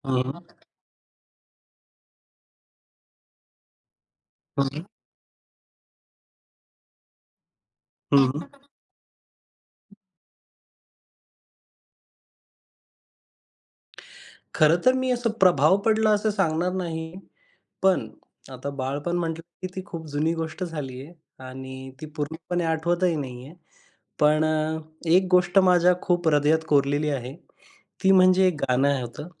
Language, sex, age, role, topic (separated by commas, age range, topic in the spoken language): Marathi, male, 25-29, guest, बाळपणीच्या गाण्यांचा तुमच्यावर काय प्रभाव पडला आहे?